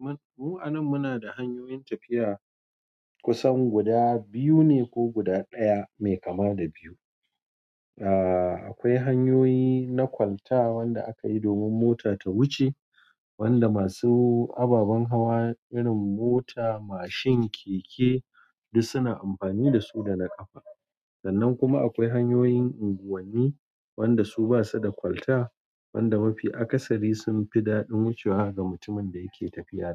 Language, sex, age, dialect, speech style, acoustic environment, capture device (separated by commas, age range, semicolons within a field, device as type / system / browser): Hausa, male, 45-54, Nigeria - Kano, tired, appliances, mobile / Android / Chrome